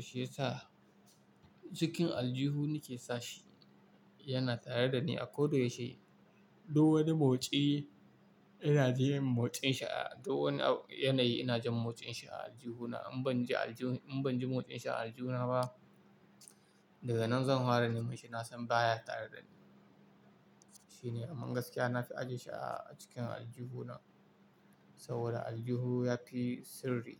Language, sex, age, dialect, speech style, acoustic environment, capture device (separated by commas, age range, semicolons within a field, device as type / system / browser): Hausa, male, 18-24, Nigeria - Kano, happy, silence, mobile / Linux / Chrome